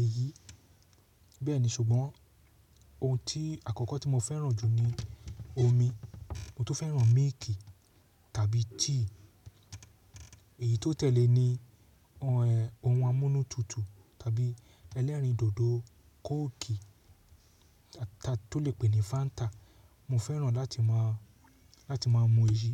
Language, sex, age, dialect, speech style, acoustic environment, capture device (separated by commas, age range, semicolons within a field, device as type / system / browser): Yoruba, male, 35-44, Nigeria - Lagos Yoruba, relaxed; focused, silence, mobile / Linux / Chrome